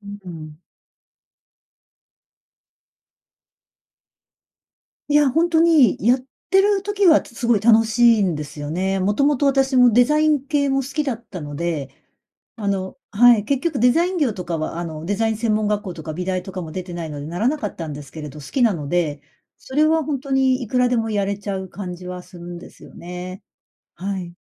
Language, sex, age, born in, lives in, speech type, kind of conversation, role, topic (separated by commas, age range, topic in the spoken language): Japanese, female, 55-59, Japan, Japan, conversation, advice, user, 将来の不確実性が怖くて起業に踏み出せないとき、どうすればいいですか？